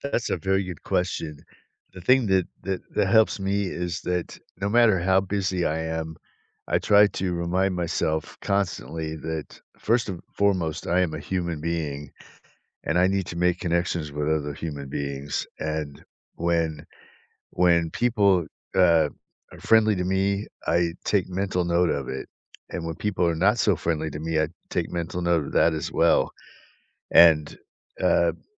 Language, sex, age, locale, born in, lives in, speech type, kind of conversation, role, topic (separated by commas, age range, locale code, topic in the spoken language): English, male, 60-64, en-US, United States, United States, conversation, unstructured, none, Which everyday moments and small habits help you feel genuinely connected to people you see regularly?